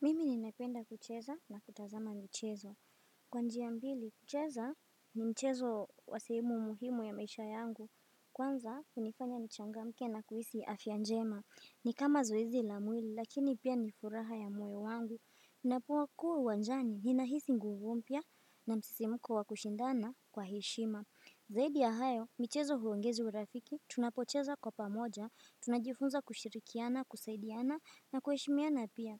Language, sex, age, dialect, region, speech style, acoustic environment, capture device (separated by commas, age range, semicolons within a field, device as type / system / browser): Swahili, female, 18-24, Kenya - Mombasa, Kenya, happy, nature, desktop / Windows / Firefox